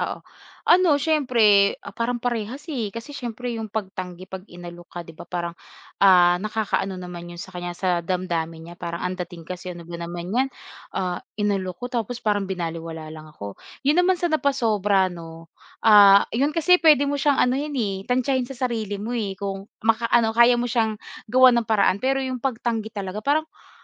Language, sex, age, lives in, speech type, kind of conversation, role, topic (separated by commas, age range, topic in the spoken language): Filipino, female, 25-29, Philippines, conversation, podcast, guest, Paano mo maiiwasang kumain nang sobra kapag may salu-salo o handaan?